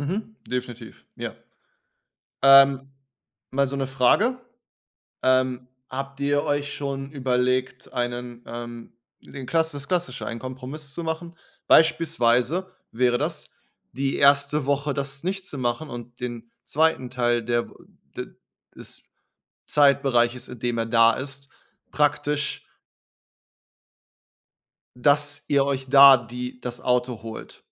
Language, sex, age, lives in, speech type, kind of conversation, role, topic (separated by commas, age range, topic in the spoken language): German, male, 30-34, Germany, conversation, advice, advisor, Wie kann ich nach einer Reise oder bei Jetlag wieder normal schlafen?